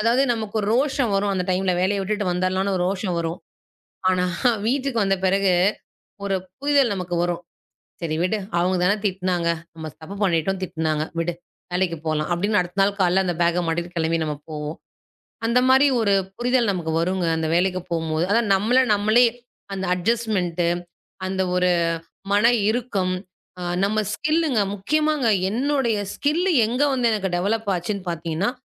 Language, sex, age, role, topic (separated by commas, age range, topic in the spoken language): Tamil, female, 35-39, guest, நோக்கம் இல்லாமல் இருக்கும் காலத்தை நீங்கள் எப்படி சமாளித்தீர்கள்?